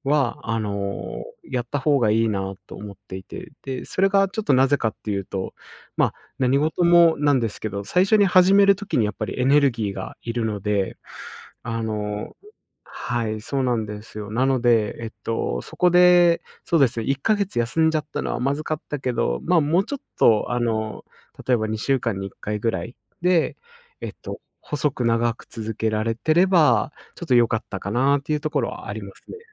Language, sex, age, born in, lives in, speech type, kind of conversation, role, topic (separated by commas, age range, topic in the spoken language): Japanese, male, 25-29, Japan, Japan, conversation, podcast, guest, 習慣化するためにできる小さな工夫には、どんなものがありますか？